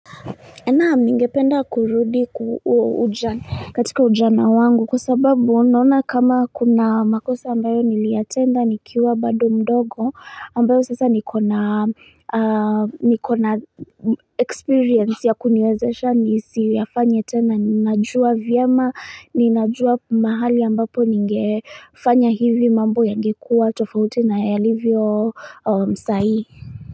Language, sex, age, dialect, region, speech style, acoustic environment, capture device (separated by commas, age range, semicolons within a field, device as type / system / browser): Swahili, female, 25-34, Kenya - Nairobi Sheng-influenced, Kenya, focused, nature, mobile / Linux / Chrome